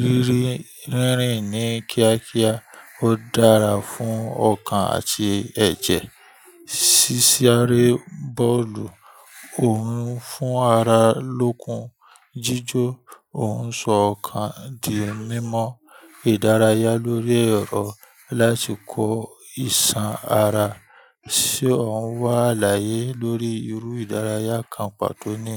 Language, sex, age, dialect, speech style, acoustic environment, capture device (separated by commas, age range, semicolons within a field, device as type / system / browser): Yoruba, male, 25-34, Nigeria - Ibadan, happy; relaxed, people talking; nature, mobile / Linux / Chrome